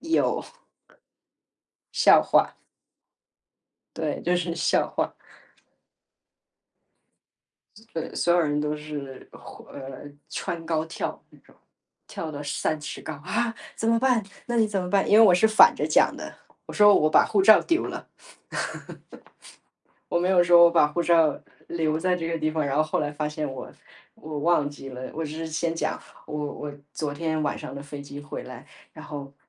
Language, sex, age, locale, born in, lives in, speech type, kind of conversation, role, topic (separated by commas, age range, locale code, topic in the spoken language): Chinese, female, 35-39, zh-CN, China, United States, conversation, podcast, guest, 你能分享一次让你哭笑不得的旅行囧事吗？